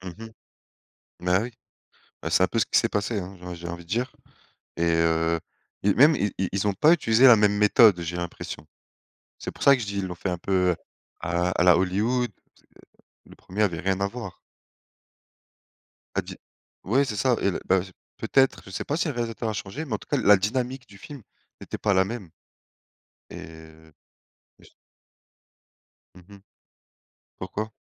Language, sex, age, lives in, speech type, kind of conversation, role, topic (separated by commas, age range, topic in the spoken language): French, male, 30-34, France, conversation, unstructured, none, As-tu déjà été déçu par un film très attendu ?